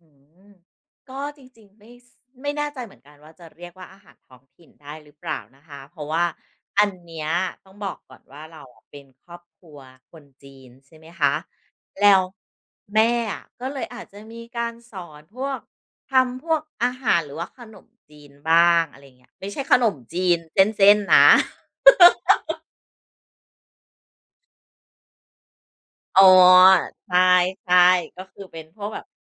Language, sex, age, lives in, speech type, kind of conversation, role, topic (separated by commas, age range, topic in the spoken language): Thai, female, 40-44, Thailand, conversation, podcast, guest, เคยมีใครสอนคุณทำอาหารท้องถิ่นบ้างไหม?